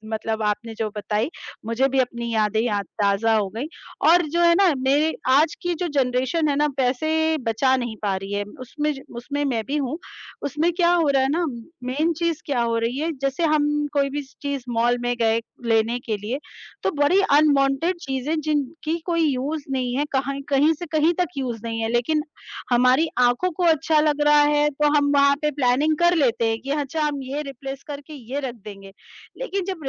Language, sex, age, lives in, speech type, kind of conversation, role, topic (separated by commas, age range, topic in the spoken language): Hindi, female, 35-39, India, conversation, unstructured, none, पैसे बचाने के लिए आपकी सबसे पसंदीदा आदत कौन-सी है?